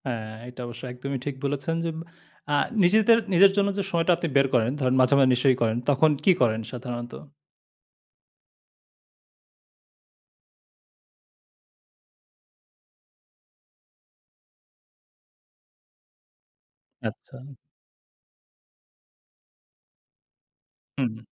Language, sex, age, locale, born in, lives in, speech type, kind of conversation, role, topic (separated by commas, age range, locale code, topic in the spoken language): Bengali, male, 30-34, bn-BD, Bangladesh, Bangladesh, conversation, podcast, host, ব্যস্ত দিনে তুমি কীভাবে শরীর ও মনকে একটু বিশ্রাম দাও?